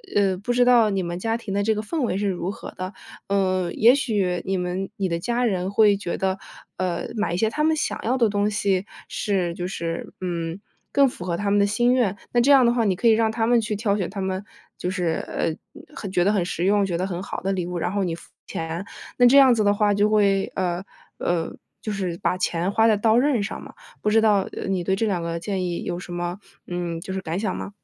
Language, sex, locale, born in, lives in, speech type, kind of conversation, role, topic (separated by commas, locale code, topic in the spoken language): Chinese, female, zh-CN, United States, United States, conversation, advice, advisor, 我怎样才能避免冲动购物并控制预算？